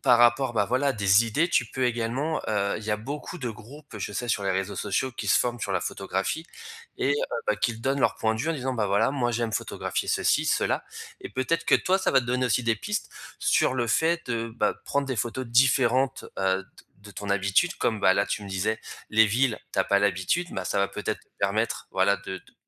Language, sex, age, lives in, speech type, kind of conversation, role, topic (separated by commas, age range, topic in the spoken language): French, male, 35-39, France, conversation, advice, advisor, Depuis quand as-tu perdu ta motivation pour ce projet important, et qu’est-ce qui la freine aujourd’hui ?